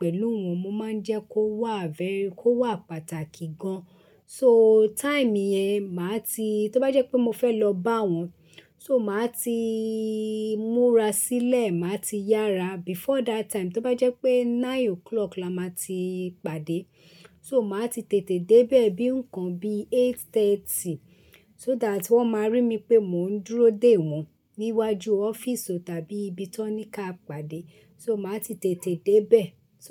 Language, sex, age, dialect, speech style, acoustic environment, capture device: Yoruba, female, 35-44, Nigeria - Lagos Yoruba, relaxed, silence, desktop / Linux / Chrome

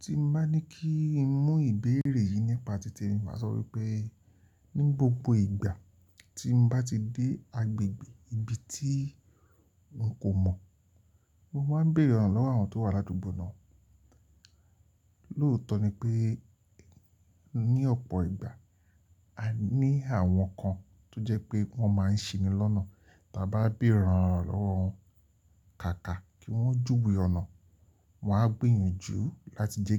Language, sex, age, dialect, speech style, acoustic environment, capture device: Yoruba, male, 45-54, Nigeria - Lagos Yoruba, relaxed; happy, nature; silence, mobile / Linux / Chrome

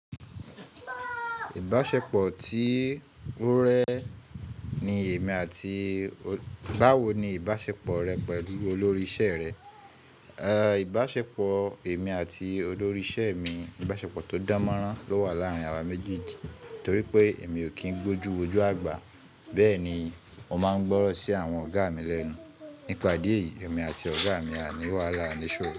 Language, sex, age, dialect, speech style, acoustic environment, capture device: Yoruba, male, 25-34, Nigeria - Ibadan, relaxed, people talking, mobile / Linux / Chrome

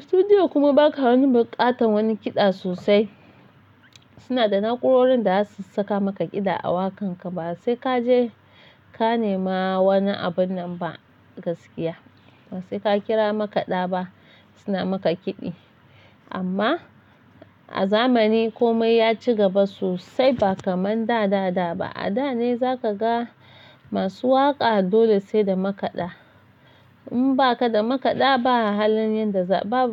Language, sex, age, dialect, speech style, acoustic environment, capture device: Hausa, female, 25-34, Nigeria - Nigerian Standard, happy; excited; focused; relaxed, silence, mobile / Linux / Chrome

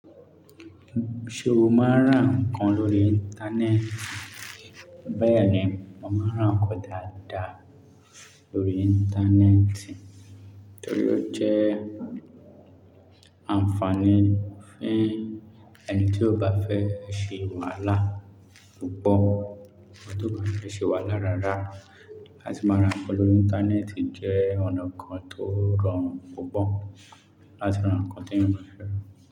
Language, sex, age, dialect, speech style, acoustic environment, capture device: Yoruba, male, 25-34, Nigeria - Lagos Yoruba, relaxed; focused; happy, silence, mobile / Linux / Chrome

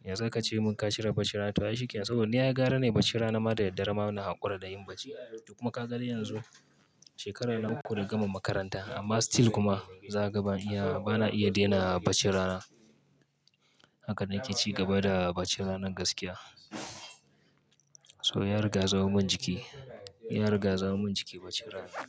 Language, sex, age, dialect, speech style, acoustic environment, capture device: Hausa, male, 25-34, Nigeria - Kano, focused, construction, mobile / Linux / Chrome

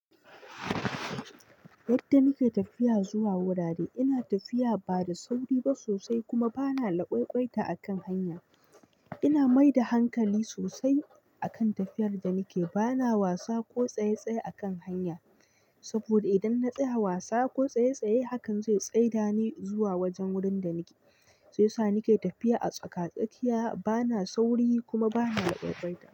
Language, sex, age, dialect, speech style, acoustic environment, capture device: Hausa, male, 25-34, Nigeria - Nigerian Standard, tired, nature, mobile / Linux / Chrome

